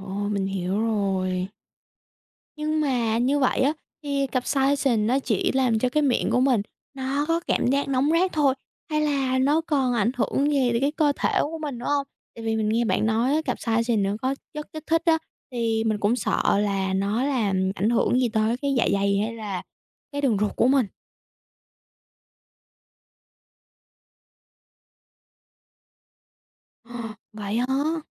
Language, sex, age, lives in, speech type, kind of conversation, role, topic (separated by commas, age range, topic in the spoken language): Vietnamese, female, 18-19, United States, read, expert-assistant, asker, Vì sao khi ăn ớt cay, miệng lại cảm thấy nóng rát?